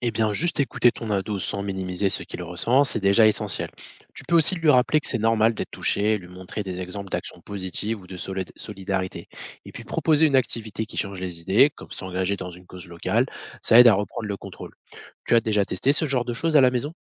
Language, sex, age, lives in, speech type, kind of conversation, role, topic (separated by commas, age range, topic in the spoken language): French, male, 25-29, France, read, expert-assistant, expert, Comment trouver un bon équilibre entre s’informer et se préserver face aux actualités ?